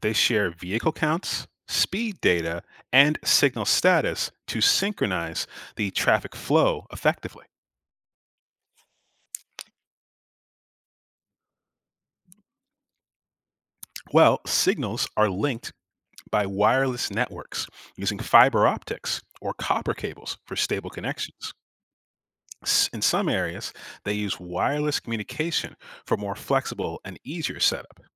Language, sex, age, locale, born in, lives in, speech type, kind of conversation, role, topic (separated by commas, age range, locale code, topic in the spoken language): English, male, 35-39, en-US, United States, United States, read, expert-assistant, expert, How do traffic signals communicate with each other?